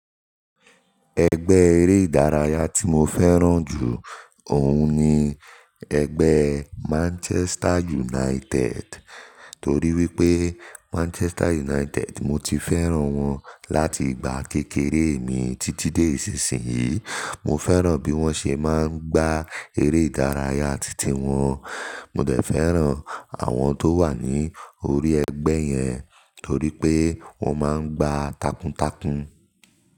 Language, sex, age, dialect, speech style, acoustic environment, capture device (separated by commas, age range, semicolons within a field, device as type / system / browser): Yoruba, male, 18-24, Nigeria - Nigerian Standard, focused, nature; appliances, mobile / Linux / Chrome